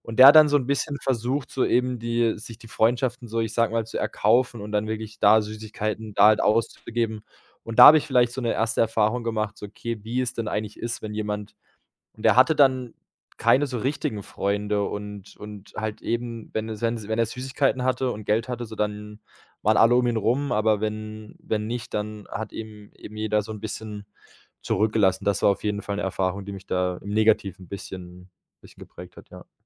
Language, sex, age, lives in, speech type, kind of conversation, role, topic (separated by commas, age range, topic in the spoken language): German, male, 25-29, Germany, conversation, podcast, guest, Wann hat dich ein Fremder in einer Notlage unterstützt?